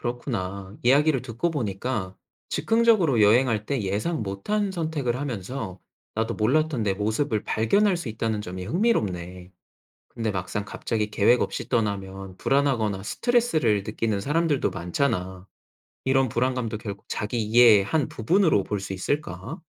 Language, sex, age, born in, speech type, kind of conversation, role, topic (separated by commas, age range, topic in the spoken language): Korean, male, 30-34, South Korea, read, expert-assistant, asker, 즉흥적인 여행과 계획적인 여행 중 어떤 방식이 자기 이해에 더 도움이 될까요?